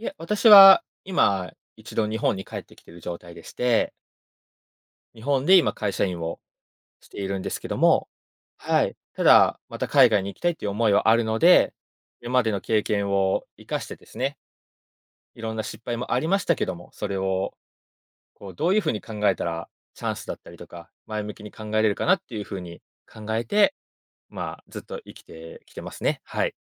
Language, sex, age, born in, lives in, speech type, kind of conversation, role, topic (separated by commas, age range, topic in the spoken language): Japanese, male, 25-29, Japan, Japan, conversation, podcast, guest, 失敗を学びに変えるための具体的な方法は何ですか？